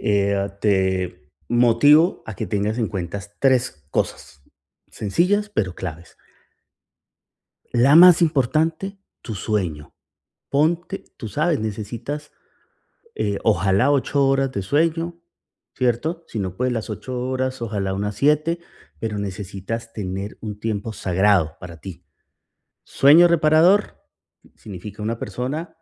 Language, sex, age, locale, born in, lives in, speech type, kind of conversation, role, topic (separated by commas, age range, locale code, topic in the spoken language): Spanish, male, 45-49, es-CO, Colombia, United States, conversation, advice, advisor, ¿Cómo puedo evitar interrupciones mientras trabajo concentrado en casa?